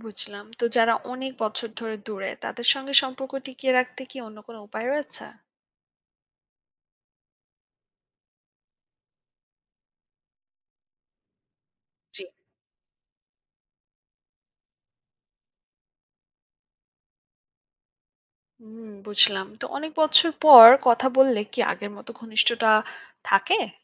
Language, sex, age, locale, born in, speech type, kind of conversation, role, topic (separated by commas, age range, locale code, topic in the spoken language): Bengali, female, 25-29, bn-BD, Bangladesh, read, expert-assistant, asker, ব্যস্ত জীবনের মাঝে কীভাবে বন্ধুর সঙ্গে যোগাযোগ ঠিক রাখা যায়—আপনার কোন কৌশল সবচেয়ে ভালো কাজ করে?